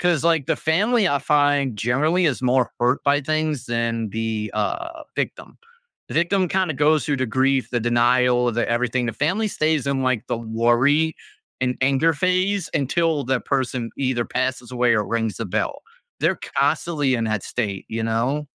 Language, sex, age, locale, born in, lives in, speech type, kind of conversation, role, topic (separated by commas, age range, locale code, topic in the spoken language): English, male, 35-39, en-US, United States, United States, conversation, podcast, host, How can families support each other during tough times?